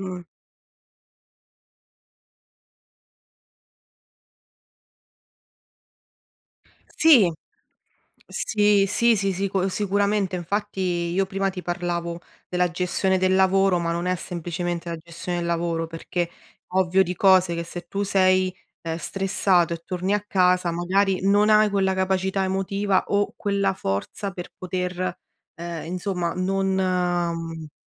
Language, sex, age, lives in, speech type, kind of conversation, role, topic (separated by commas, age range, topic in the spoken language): Italian, female, 30-34, Italy, conversation, unstructured, none, Cosa ti fa arrabbiare di più sul posto di lavoro?